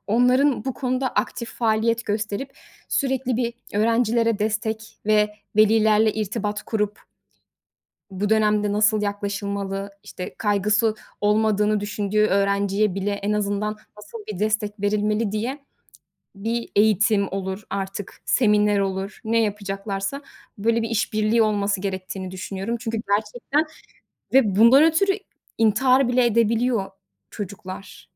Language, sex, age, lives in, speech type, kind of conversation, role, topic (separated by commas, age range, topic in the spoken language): Turkish, female, 30-34, Netherlands, conversation, podcast, guest, Sınav kaygısıyla başa çıkmak için hangi yöntemleri kullanıyorsun?